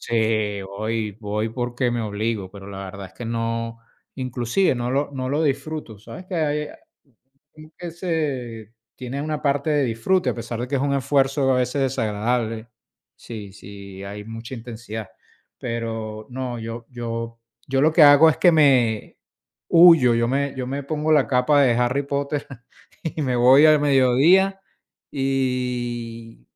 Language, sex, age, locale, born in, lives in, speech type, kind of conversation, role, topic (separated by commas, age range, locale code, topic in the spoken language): Spanish, male, 50-54, es-VE, Venezuela, United States, conversation, unstructured, none, ¿Cómo te aseguras de dormir lo suficiente cada noche?